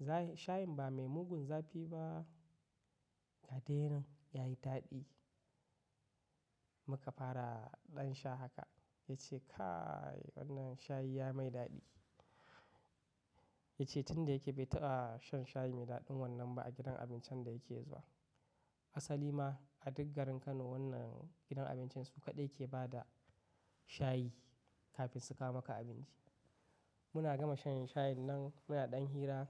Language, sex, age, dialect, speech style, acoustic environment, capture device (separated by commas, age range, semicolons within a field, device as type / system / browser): Hausa, male, 25-34, Nigeria - Katsina, happy, silence; nature; appliances, mobile / macOS / Safari